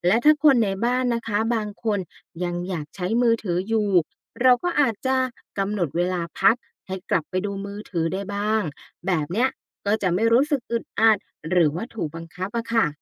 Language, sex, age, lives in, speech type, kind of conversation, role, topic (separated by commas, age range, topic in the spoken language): Thai, female, 35-39, Thailand, read, expert-assistant, expert, การรู้จักควบคุมการใช้สื่อสังคมออนไลน์ช่วยให้มีสมดุลในชีวิตอย่างไร